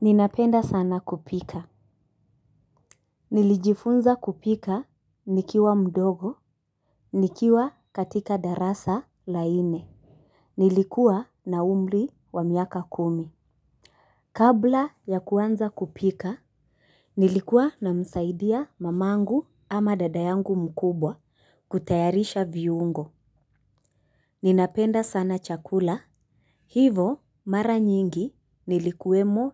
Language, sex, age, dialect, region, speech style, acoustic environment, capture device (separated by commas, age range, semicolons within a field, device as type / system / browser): Swahili, female, 25-34, Kenya - Mombasa, Kenya, relaxed, construction; nature, mobile / Linux / Chrome